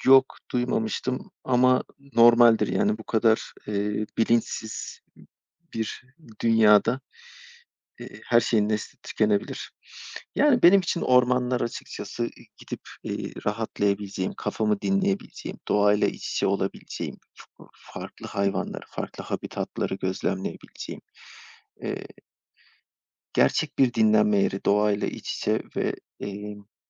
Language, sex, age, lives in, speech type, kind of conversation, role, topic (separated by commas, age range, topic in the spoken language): Turkish, male, 35-39, Poland, conversation, unstructured, none, Ormanların yok olması bizi nasıl etkiler?